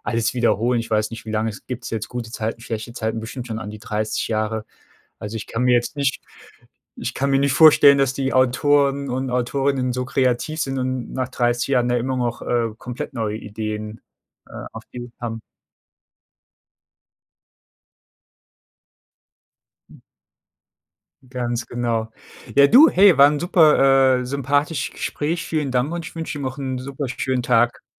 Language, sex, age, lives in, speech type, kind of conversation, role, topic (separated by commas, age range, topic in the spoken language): German, male, 35-39, Germany, conversation, unstructured, none, Warum sehen sich Menschen gerne Serien oder Filme an?